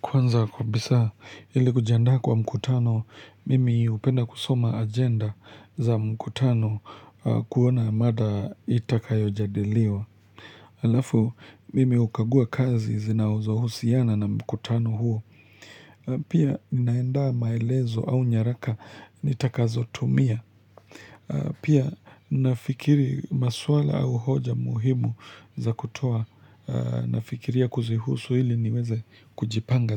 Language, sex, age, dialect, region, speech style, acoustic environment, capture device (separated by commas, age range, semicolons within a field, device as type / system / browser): Swahili, male, 25-34, Kenya - Nairobi Sheng-influenced, Kenya, relaxed, nature; silence, mobile / Linux / Chrome